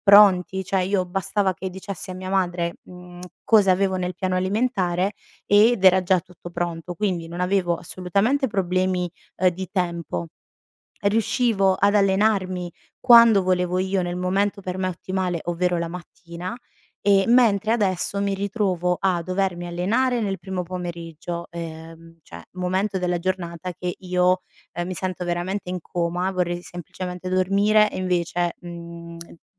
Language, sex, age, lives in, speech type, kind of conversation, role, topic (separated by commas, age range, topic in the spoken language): Italian, female, 30-34, Italy, conversation, advice, user, Perché non riesci a rispettare una dieta o un programma di allenamento?